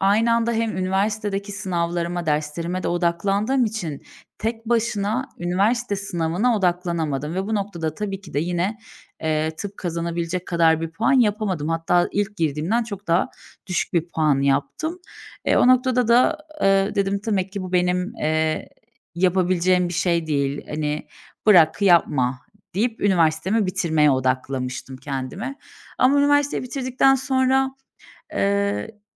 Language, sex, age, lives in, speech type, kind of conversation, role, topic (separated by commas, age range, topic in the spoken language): Turkish, female, 30-34, Bulgaria, conversation, podcast, guest, Kariyer değişikliğini aileye nasıl açıklarsın?